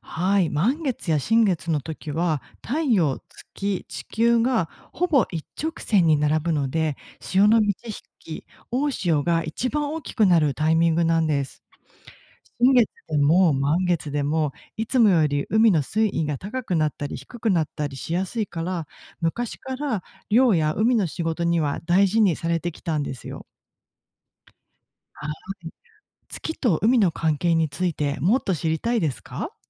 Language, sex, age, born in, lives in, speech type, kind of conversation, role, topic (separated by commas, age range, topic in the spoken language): Japanese, female, 40-44, Japan, Japan, read, expert-assistant, expert, どうして月は満ち欠けするのでしょうか？